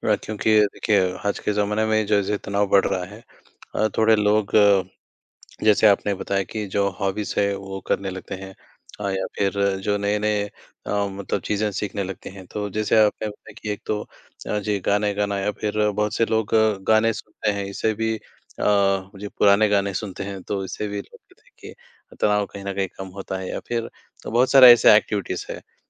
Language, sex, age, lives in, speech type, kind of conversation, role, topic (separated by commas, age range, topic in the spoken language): Hindi, male, 20-24, India, conversation, unstructured, none, क्या नई चीज़ें सीखना आपके लिए तनाव कम करने का एक तरीका है?